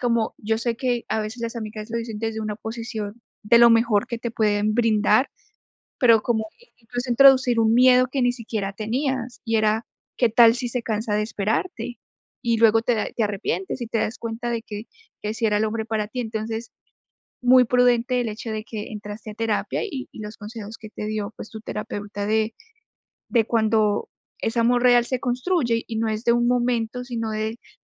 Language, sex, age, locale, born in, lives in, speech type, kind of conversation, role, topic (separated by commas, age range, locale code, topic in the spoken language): Spanish, female, 25-29, es-CO, Colombia, United States, conversation, podcast, host, ¿Cómo decides entre lo que tú quieres y lo que tu familia espera de ti?